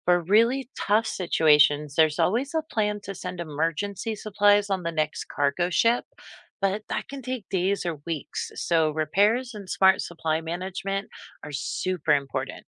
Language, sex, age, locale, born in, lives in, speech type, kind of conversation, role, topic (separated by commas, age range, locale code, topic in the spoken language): English, female, 45-49, en-US, United States, United States, read, expert-assistant, expert, How do astronauts breathe in space?